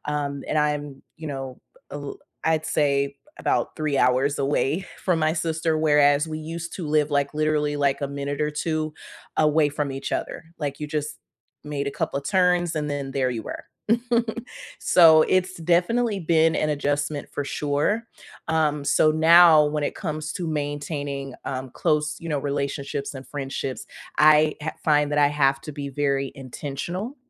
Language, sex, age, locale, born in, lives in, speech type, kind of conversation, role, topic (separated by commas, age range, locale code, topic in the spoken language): English, female, 30-34, en-US, United States, United States, conversation, unstructured, none, How do you maintain close friendships when life gets busy?